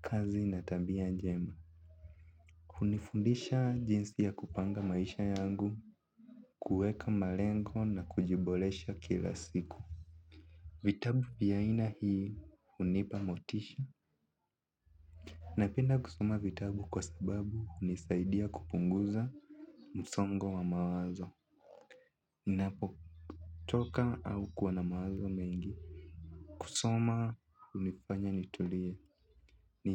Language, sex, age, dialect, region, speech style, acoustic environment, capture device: Swahili, male, 18-24, Kenya - Nairobi Sheng-influenced, Kenya, relaxed, people talking; nature, mobile / Linux / Chrome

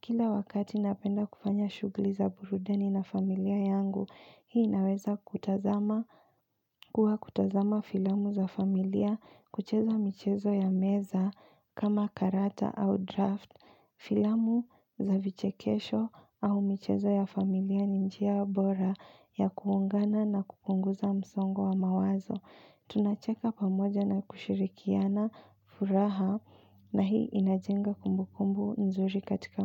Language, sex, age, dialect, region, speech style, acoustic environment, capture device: Swahili, female, 25-34, Kenya - Nairobi Sheng-influenced, Kenya, focused, appliances, mobile / Linux / Chrome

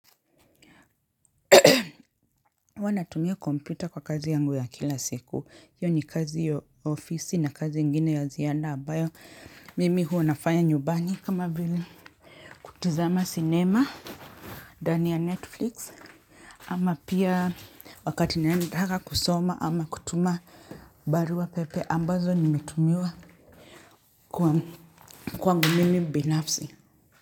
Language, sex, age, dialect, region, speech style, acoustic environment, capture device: Swahili, male, 35-44, Kenya - Nairobi Sheng-influenced, Kenya, relaxed, appliances, mobile / Linux / Chrome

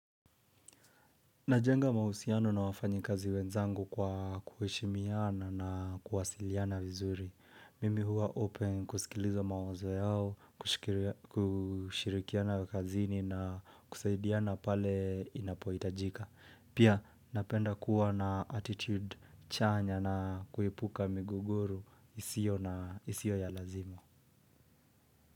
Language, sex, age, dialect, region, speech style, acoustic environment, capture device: Swahili, male, 25-34, Kenya - Nairobi Sheng-influenced, Kenya, relaxed, silence, mobile / Linux / Edge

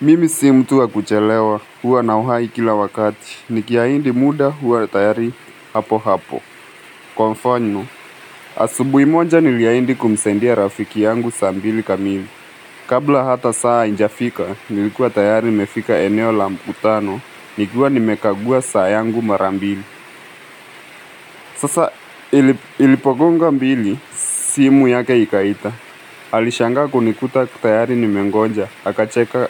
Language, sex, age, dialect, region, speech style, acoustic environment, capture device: Swahili, male, 18-24, Kenya - Mombasa, Kenya, focused, nature, mobile / Linux / Chrome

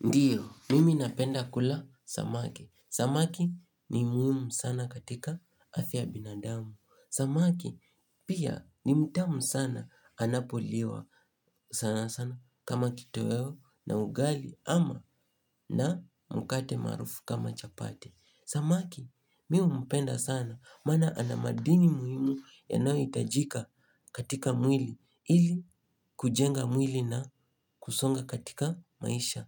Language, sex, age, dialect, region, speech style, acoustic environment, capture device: Swahili, male, 18-24, Kenya - Nairobi Sheng-influenced, Kenya, happy, silence; nature, mobile / Linux / Chrome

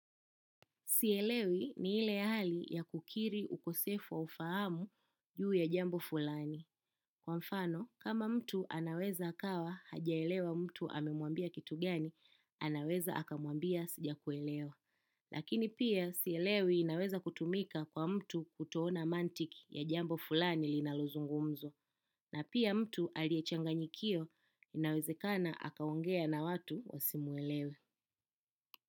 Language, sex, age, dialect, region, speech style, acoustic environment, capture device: Swahili, female, 25-34, Kenya - Nairobi Sheng-influenced, Kenya, happy; focused; relaxed; excited, silence, desktop / macOS / Chrome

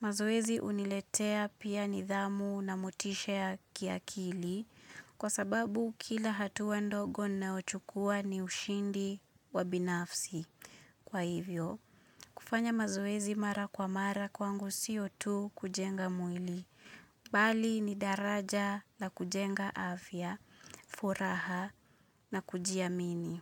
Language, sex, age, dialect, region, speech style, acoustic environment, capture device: Swahili, female, 25-34, Kenya - Nairobi Sheng-influenced, Kenya, focused, silence, mobile / Linux / Chrome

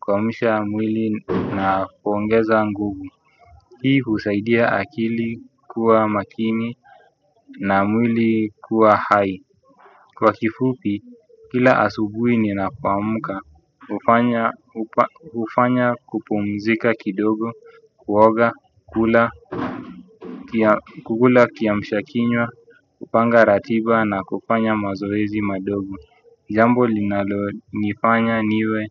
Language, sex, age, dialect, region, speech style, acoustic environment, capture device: Swahili, male, 25-34, Kenya - Nairobi Sheng-influenced, Kenya, excited; focused, people talking; music, desktop / Windows / Chrome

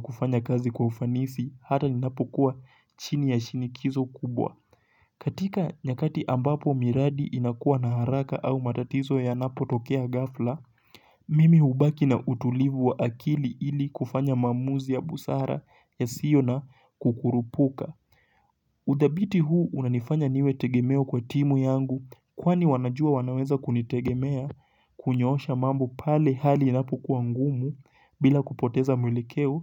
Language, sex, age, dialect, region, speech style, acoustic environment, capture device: Swahili, male, 18-24, Kenya - Mombasa, Kenya, focused, nature, mobile / Linux / Chrome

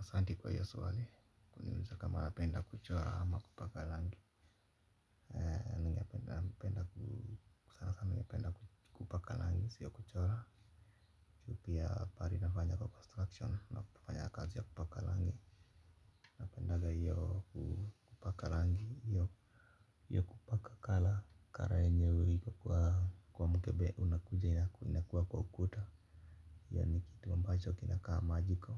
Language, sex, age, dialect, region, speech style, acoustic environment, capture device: Swahili, male, 25-34, Kenya - Nairobi Sheng-influenced, Kenya, relaxed, silence, mobile / Linux / Chrome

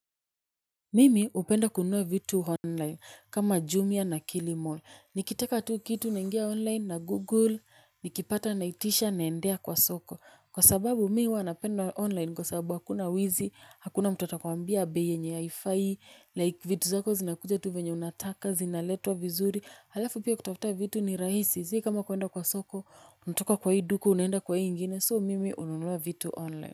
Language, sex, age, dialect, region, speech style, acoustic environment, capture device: Swahili, female, 25-34, Kenya - Nairobi Sheng-influenced, Kenya, happy; nervous, people talking; music, mobile / Linux / Chrome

